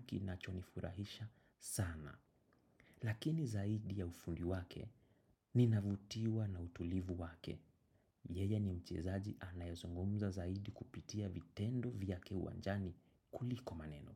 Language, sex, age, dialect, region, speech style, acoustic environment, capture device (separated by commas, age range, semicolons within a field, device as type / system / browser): Swahili, male, 25-34, Kenya - Nairobi Sheng-influenced, Kenya, anxious; excited; focused, silence, desktop / macOS / Chrome